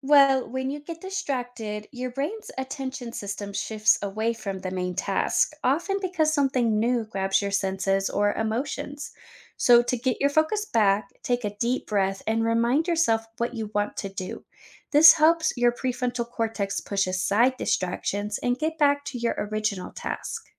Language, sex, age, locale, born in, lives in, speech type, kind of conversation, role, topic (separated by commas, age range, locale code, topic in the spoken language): English, female, 30-34, en-US, United States, United States, read, expert-assistant, expert, How does the human brain process information?